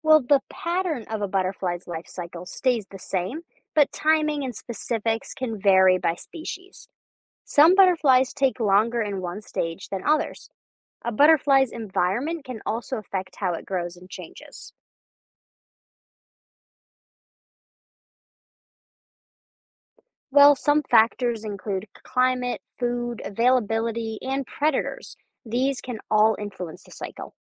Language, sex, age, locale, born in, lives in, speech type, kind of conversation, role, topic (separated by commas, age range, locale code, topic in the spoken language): English, female, 20-24, en-US, United States, United States, read, expert-assistant, expert, What are the different stages of butterfly development?